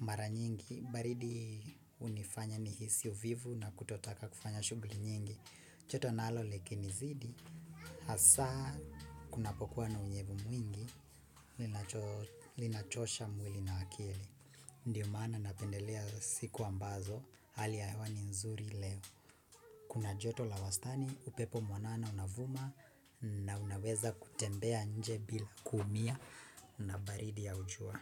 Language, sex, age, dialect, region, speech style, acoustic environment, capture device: Swahili, female, 25-34, Kenya - Nairobi Sheng-influenced, Kenya, nervous, silence, desktop / Windows / Chrome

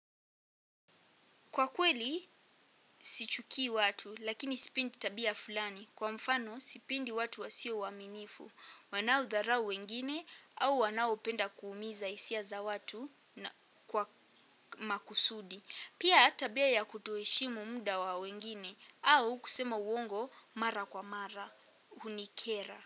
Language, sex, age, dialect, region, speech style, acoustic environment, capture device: Swahili, female, 18-24, Kenya - Nairobi Sheng-influenced, Kenya, happy, silence, mobile / Linux / Chrome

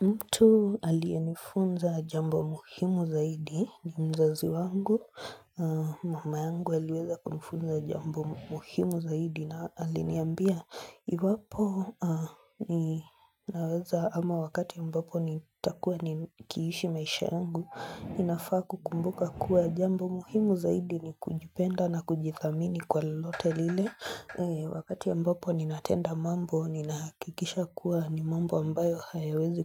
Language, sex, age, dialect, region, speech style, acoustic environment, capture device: Swahili, female, 25-34, Kenya - Nairobi Sheng-influenced, Kenya, relaxed; focused, silence, mobile / Linux / Chrome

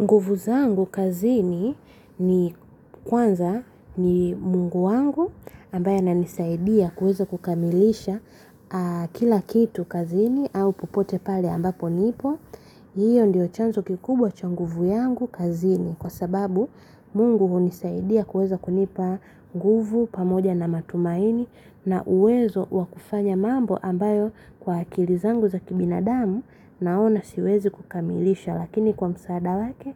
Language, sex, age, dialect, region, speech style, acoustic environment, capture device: Swahili, female, 25-34, Tanzania - Dar es Salaam, Tanzania, happy; relaxed; focused, silence, mobile / Linux / Chrome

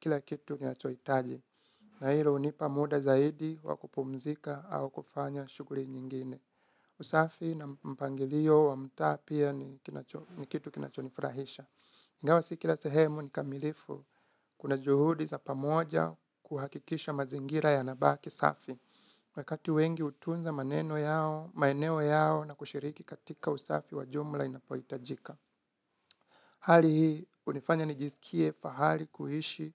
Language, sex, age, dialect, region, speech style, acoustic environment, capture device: Swahili, male, 25-34, Kenya - Nairobi Sheng-influenced, Kenya, relaxed, nature, mobile / Linux / Chrome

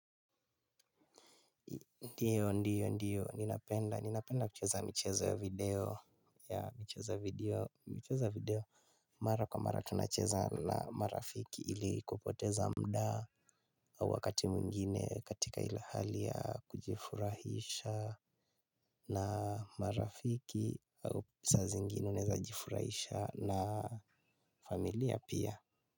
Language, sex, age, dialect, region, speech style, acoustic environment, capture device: Swahili, male, 18-24, Kenya - Nairobi Sheng-influenced, Kenya, tired, silence, mobile / Linux / Chrome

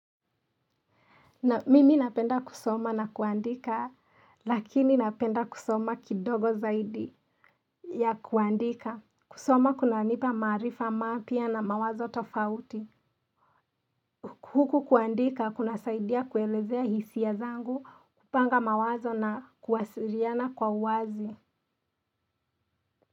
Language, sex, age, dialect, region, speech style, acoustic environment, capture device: Swahili, female, 25-34, Kenya - Nairobi Sheng-influenced, Kenya, excited; relaxed; happy; focused, silence; nature; appliances, mobile / Linux / Chrome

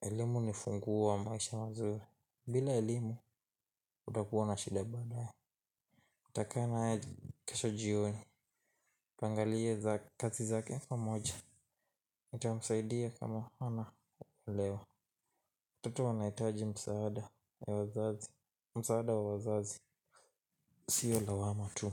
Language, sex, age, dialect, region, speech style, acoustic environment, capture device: Swahili, male, 18-24, Kenya - Nairobi Sheng-influenced, Kenya, tired, silence, mobile / Linux / Chrome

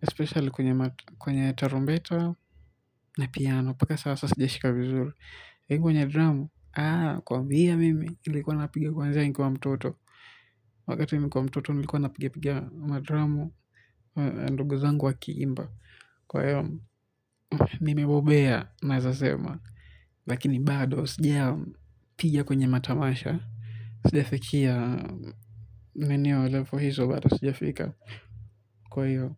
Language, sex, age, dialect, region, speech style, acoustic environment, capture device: Swahili, male, 18-24, Kenya - Mombasa, Kenya, relaxed, silence, mobile / Linux / Chrome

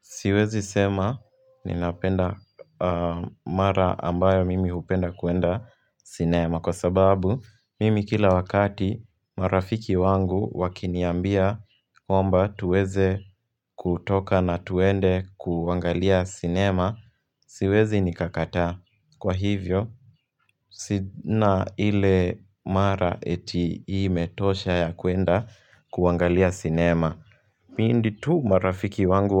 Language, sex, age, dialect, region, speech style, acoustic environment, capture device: Swahili, male, 18-24, Kenya - Nairobi Sheng-influenced, Kenya, happy; relaxed; focused, people talking; music; nature; traffic; construction; appliances, mobile / Linux / Chrome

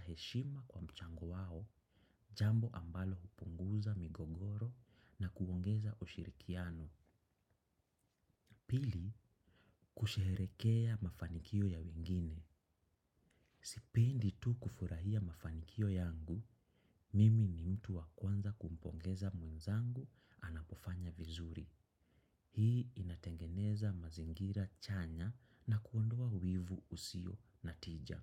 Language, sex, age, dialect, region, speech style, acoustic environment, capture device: Swahili, male, 25-34, Kenya - Nairobi Sheng-influenced, Kenya, excited; focused; nervous, silence, desktop / macOS / Chrome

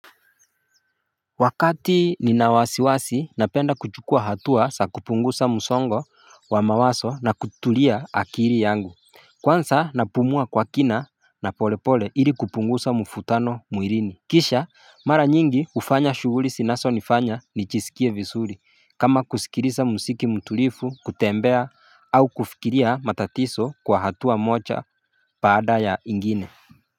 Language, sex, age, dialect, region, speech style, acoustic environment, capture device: Swahili, male, 25-34, Kenya - Mombasa, Kenya, excited, nature, mobile / Linux / Chrome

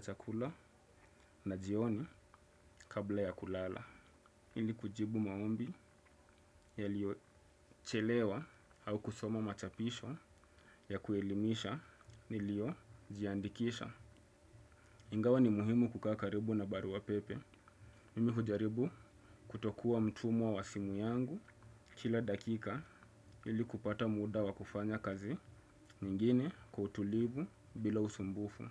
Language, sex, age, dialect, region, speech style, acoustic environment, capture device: Swahili, male, 25-34, Kenya - Mombasa, Kenya, happy; focused; excited; relaxed, silence, desktop / Windows / Chrome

